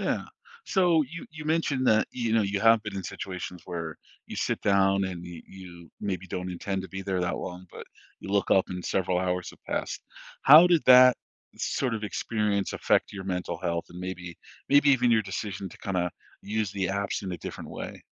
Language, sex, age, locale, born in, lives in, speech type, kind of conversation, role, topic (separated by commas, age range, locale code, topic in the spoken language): English, male, 40-44, en-US, United States, United States, conversation, podcast, host, How does our use of social media shape our emotional well-being?